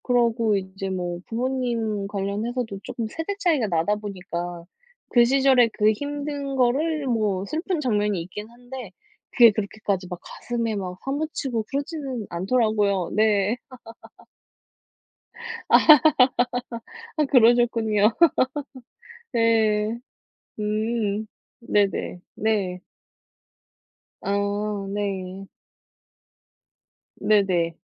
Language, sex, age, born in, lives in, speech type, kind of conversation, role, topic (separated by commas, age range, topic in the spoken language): Korean, female, 35-39, South Korea, South Korea, conversation, unstructured, none, 최근에 감명 깊게 본 드라마가 있나요?